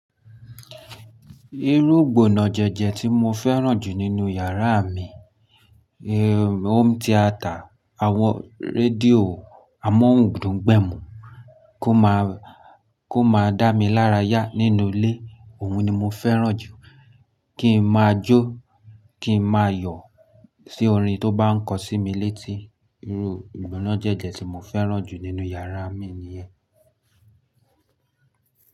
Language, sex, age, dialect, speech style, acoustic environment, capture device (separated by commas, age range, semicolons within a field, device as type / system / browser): Yoruba, male, 25-34, Nigeria - Lagos Yoruba, happy, nature; silence, mobile / Linux / Chrome